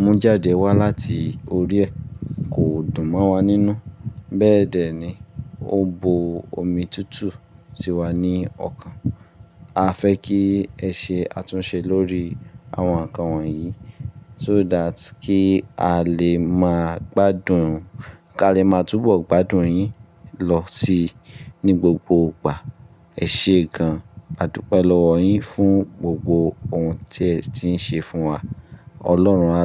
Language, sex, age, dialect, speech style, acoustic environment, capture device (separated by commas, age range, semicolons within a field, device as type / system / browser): Yoruba, male, 25-34, Nigeria - Ibadan, relaxed, nature; appliances, mobile / Linux / Chrome